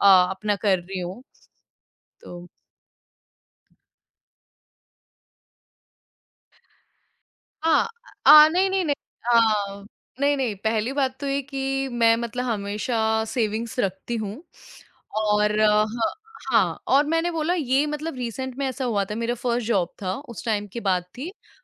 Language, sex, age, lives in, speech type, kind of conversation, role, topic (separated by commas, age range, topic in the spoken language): Hindi, female, 25-29, India, conversation, podcast, guest, Kya tumhe lagta hai ki tumhare parents ka involvement zyada support tha ya zyada pressure?